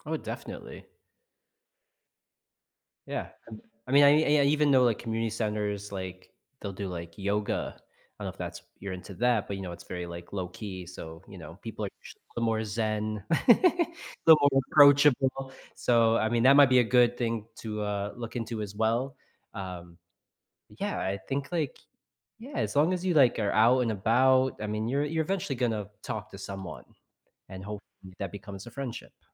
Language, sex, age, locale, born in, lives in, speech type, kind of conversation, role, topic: English, male, 35-39, en-US, United States, United States, conversation, advice, advisor, How can I find and build meaningful friendships as an adult?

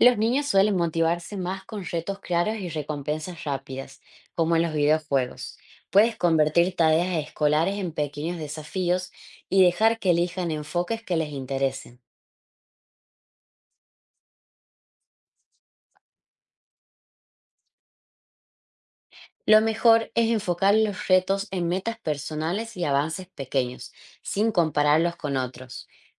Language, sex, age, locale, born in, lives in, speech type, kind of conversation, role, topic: Spanish, female, 25-29, es-AR, Argentina, Netherlands, read, expert-assistant, expert, ¿Cómo podríamos hacer que aprender algo nuevo sea más fácil y divertido?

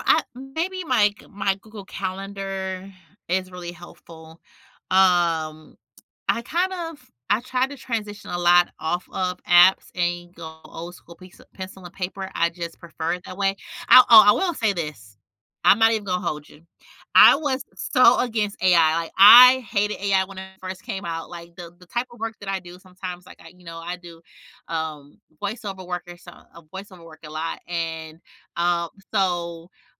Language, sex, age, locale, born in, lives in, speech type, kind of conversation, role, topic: English, female, 35-39, en-US, United States, United States, conversation, podcast, guest, In what ways has technology transformed the way we live and manage our daily lives?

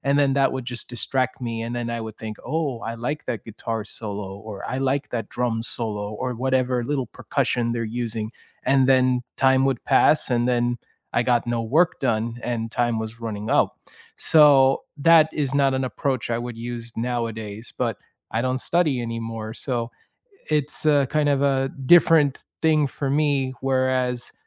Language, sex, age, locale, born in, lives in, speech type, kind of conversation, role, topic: English, male, 30-34, en-US, United States, United States, conversation, unstructured, none, How does music affect your mood and motivation?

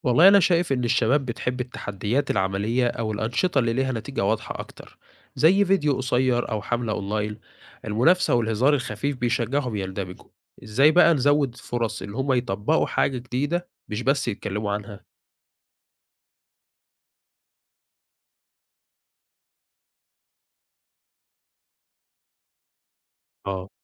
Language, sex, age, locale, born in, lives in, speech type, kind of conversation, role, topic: Arabic, male, 20-24, ar-EG, Egypt, Egypt, read, expert-assistant, asker, إيه هي الطرق المختلفة اللي ممكن بيها نستخدم وسائل الإعلام الجديدة لصناعة تأثير إيجابي على الشباب في مصر؟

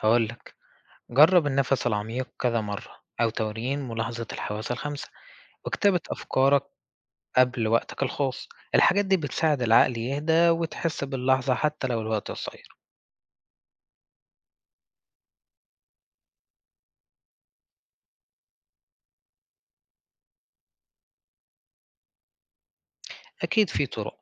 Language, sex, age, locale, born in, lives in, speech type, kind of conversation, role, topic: Arabic, male, 20-24, ar-EG, Egypt, Egypt, read, expert-assistant, expert, إزاي أقدر أوازن بين شغلي وحياتي الشخصية من غير ما أتعب نفسيتي؟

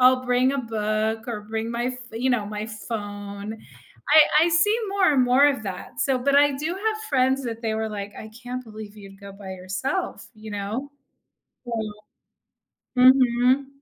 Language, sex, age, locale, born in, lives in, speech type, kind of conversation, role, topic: English, female, 45-49, en-US, United States, United States, conversation, unstructured, none, Do you prefer cooking at home or dining out, and why?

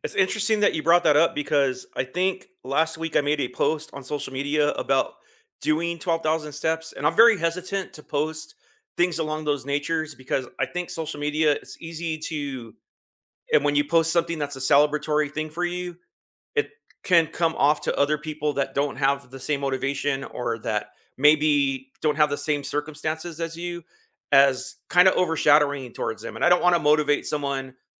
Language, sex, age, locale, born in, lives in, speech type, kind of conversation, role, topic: English, male, 50-54, en-US, United States, United States, conversation, unstructured, none, What small wins brightened your week, and how can we cheer each other on?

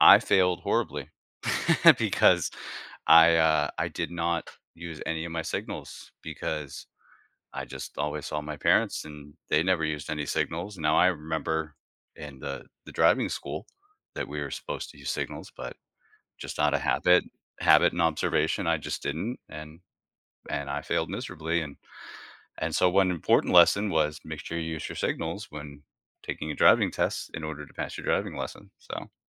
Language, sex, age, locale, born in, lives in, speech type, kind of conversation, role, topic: English, male, 40-44, en-US, United States, United States, conversation, podcast, guest, How can experiencing failure help us grow and improve in life?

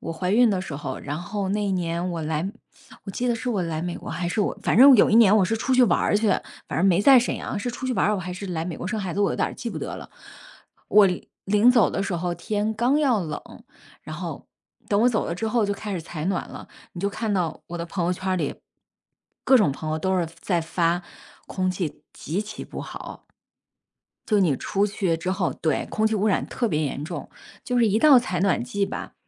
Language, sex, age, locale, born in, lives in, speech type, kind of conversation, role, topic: Chinese, female, 40-44, zh-CN, China, United States, conversation, unstructured, none, 你觉得为什么保护环境很重要？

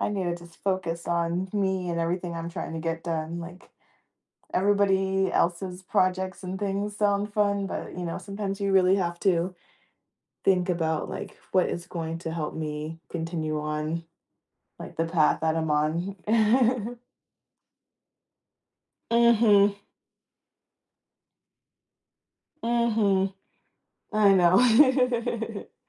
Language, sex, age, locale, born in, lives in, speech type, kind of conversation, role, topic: English, female, 30-34, en-US, United States, United States, conversation, podcast, guest, Why is self-care important for maintaining overall well-being?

